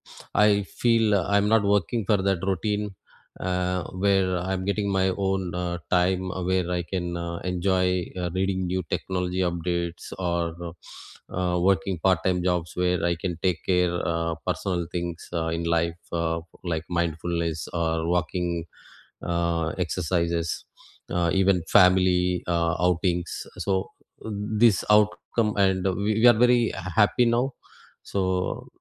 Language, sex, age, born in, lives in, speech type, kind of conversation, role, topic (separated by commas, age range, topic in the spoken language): English, male, 45-49, India, United States, conversation, unstructured, none, Can you share a moment when changing your mind left you grateful for the outcome?